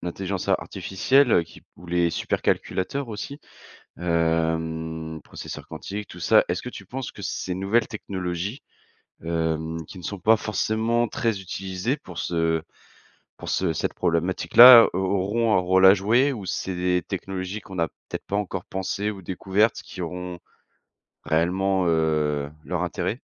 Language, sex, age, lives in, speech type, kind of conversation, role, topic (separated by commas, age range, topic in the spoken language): French, male, 30-34, France, conversation, podcast, host, Comment la technologie peut-elle aider à lutter contre le changement climatique ?